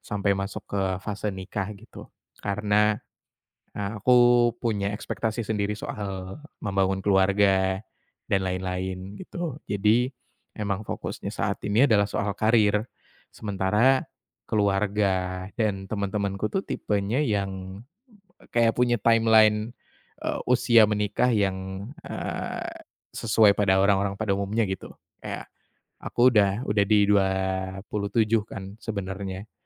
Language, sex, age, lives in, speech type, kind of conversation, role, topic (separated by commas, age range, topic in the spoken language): Indonesian, male, 25-29, Indonesia, conversation, advice, user, Bagaimana cara menetapkan batasan saat keluarga dan teman terus memberi nasihat tanpa diminta?